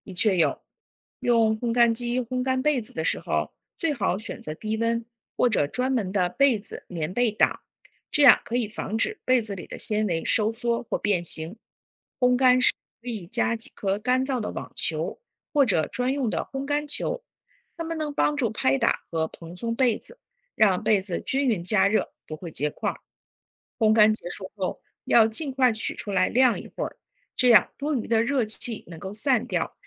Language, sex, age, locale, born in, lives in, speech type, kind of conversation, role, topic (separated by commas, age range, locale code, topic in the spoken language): Chinese, female, 40-44, zh-CN, China, United States, read, expert-assistant, expert, 如何正确晾晒被子